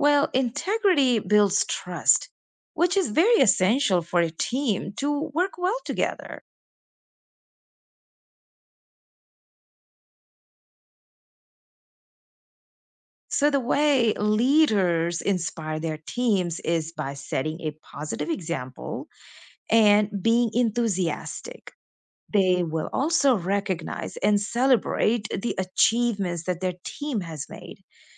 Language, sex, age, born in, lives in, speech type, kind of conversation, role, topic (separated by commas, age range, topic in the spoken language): English, female, 35-39, India, United States, read, expert-assistant, expert, What makes a good leader and how do leadership styles vary?